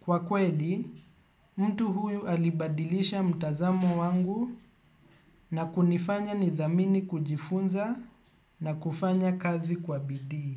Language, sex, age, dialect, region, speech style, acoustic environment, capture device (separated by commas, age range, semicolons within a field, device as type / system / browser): Swahili, male, 25-34, Kenya - Nairobi Sheng-influenced, Kenya, relaxed, construction; appliances, desktop / Windows / Chrome